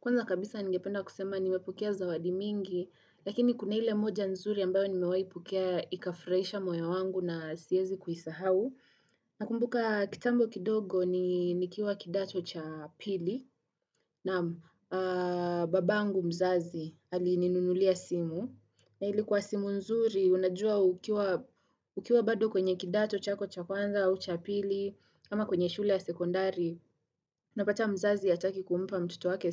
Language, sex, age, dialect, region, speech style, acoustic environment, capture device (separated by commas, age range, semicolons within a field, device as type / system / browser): Swahili, female, 18-24, Kenya - Nairobi Sheng-influenced, Kenya, nervous; focused, people talking; construction, desktop / Windows / Chrome